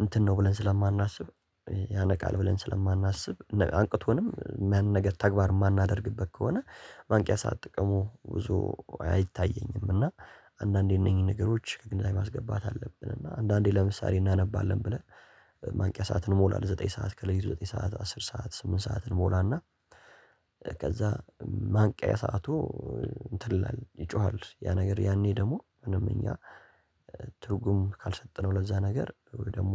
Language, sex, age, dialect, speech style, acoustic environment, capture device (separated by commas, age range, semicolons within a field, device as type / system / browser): Amharic, male, 18-24, Ethiopia - Addis Ababa, focused, nature, mobile / Linux / Chrome